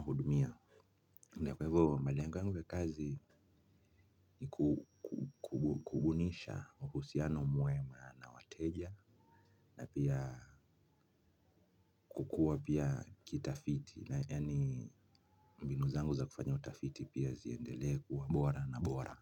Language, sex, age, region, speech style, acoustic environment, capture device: Swahili, male, 25-34, Kenya, happy; excited, silence, mobile / Linux / Chrome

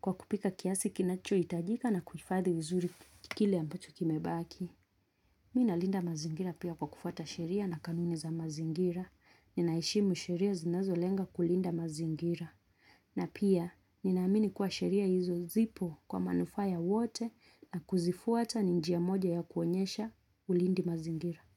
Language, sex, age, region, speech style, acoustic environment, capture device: Swahili, female, 25-34, Kenya, relaxed, silence, mobile / Linux / Chrome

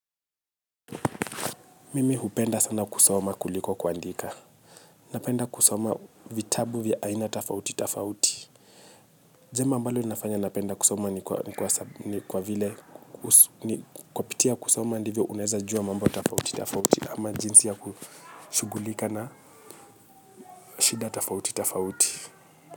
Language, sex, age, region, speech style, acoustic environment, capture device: Swahili, male, 25-34, Kenya, happy, people talking, mobile / Linux / Chrome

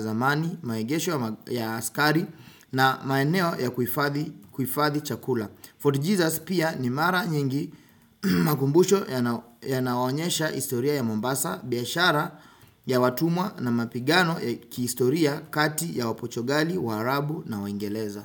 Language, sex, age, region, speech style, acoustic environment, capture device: Swahili, male, 25-34, Kenya, focused; relaxed, silence, desktop / Windows / Chrome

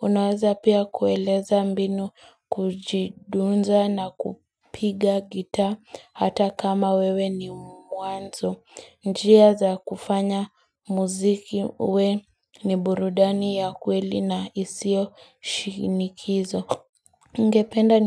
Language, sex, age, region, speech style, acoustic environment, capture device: Swahili, female, 18-24, Kenya, excited; focused; nervous, nature, mobile / Linux / Chrome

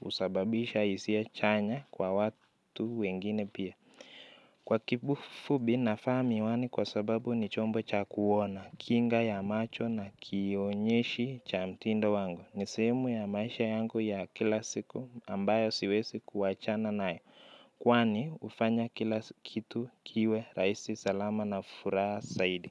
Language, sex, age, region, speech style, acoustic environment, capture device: Swahili, male, 25-34, Kenya, relaxed, silence, mobile / Linux / Chrome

